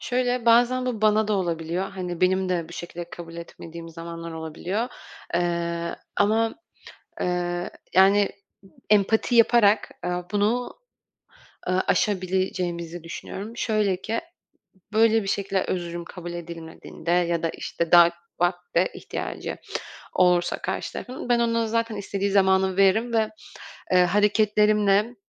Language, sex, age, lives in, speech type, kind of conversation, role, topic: Turkish, female, 25-29, France, conversation, podcast, guest, Birine nasıl içten özür dilersin?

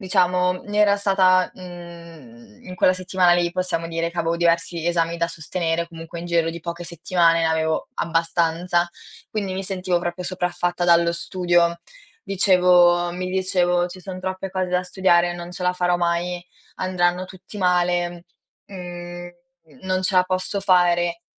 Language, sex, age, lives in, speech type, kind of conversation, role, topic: Italian, female, 18-19, Italy, conversation, podcast, guest, Ti va di raccontare un fallimento da cui hai imparato molto?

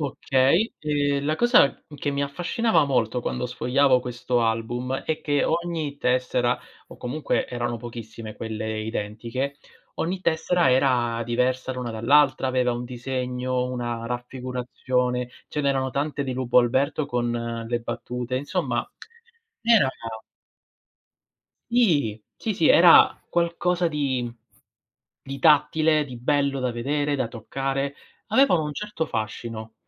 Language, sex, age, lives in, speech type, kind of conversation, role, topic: Italian, male, 30-34, Italy, conversation, unstructured, none, Cosa ti fa pensare che il collezionismo sia un passatempo inutile?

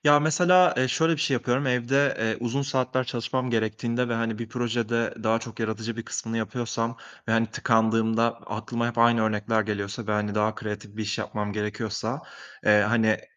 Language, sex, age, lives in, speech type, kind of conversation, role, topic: Turkish, male, 25-29, Italy, conversation, podcast, guest, Zihnini susturup çalışmaya başlamanın püf noktaları neler?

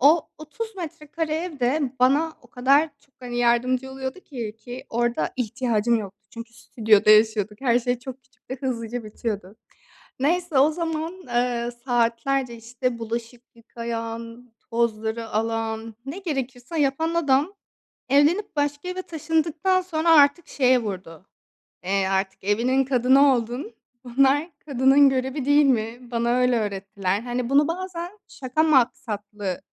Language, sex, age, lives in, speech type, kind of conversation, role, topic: Turkish, female, 25-29, Greece, conversation, advice, user, Aile içindeki ev işleri ve sorumluluk paylaşımını neden adil bulmuyorsunuz?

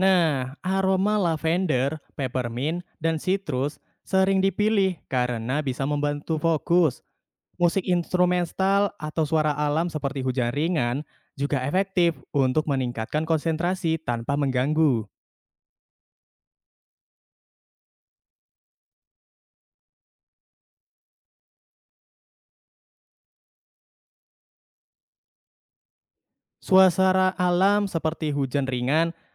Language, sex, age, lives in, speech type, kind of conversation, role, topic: Indonesian, male, 20-24, Indonesia, read, expert-assistant, expert, Apa saja kebiasaan kecil yang bisa bikin tubuh kita lebih bertenaga sepanjang hari?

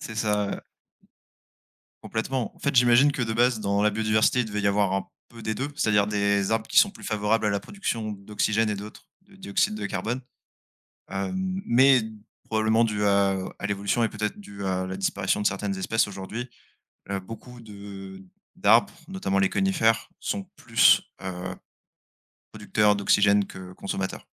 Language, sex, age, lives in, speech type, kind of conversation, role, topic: French, male, 25-29, France, conversation, unstructured, none, Qu’est-ce que la biodiversité et pourquoi est-elle précieuse ?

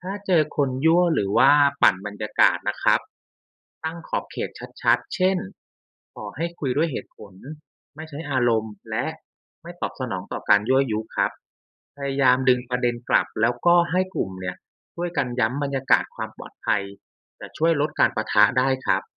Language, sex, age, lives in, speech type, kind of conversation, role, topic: Thai, male, 35-39, Thailand, read, expert-assistant, expert, อยากเข้าใจมุมมองทางการเมืองที่ต่างจากเรา ควรเริ่มต้นพูดคุยยังไงดี?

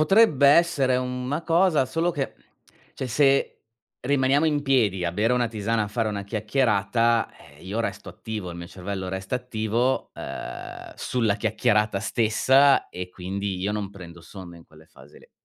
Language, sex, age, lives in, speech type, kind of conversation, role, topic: Italian, male, 40-44, Germany, conversation, advice, user, Come posso addormentarmi quando la sera ho pensieri intrusivi che mi tengono sveglio?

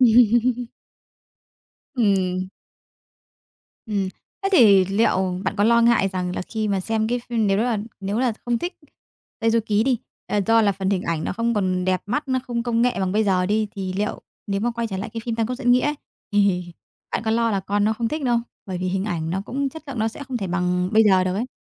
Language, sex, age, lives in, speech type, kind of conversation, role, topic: Vietnamese, female, 30-34, Vietnam, conversation, podcast, host, Bạn có thể kể về một bộ phim hoặc chương trình đã khiến bạn thay đổi cách nghĩ không?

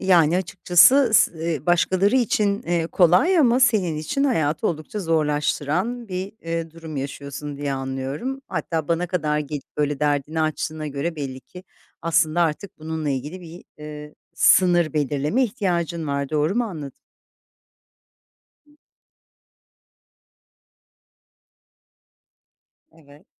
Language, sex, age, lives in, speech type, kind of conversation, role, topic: Turkish, female, 50-54, Italy, conversation, advice, advisor, Suçluluk hissi yüzünden aileme ve arkadaşlarıma hayır demekte neden zorlanıyorum ve bunu nasıl daha rahat yapabilirim?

